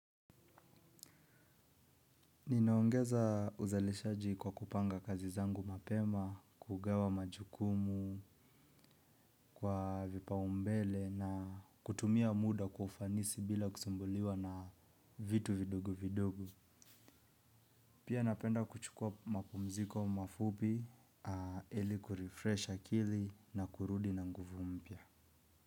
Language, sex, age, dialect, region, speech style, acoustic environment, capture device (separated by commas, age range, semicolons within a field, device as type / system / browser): Swahili, male, 25-34, Kenya - Nairobi Sheng-influenced, Kenya, relaxed, silence, mobile / Linux / Chrome